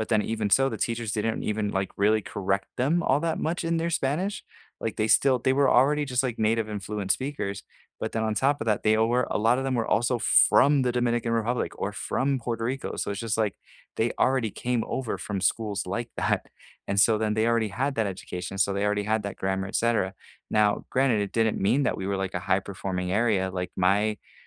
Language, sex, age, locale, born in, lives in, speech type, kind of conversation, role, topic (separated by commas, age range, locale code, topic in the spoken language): English, male, 30-34, en-US, United States, United States, conversation, unstructured, none, If you could redesign school, what would your ideal day look like to foster connection?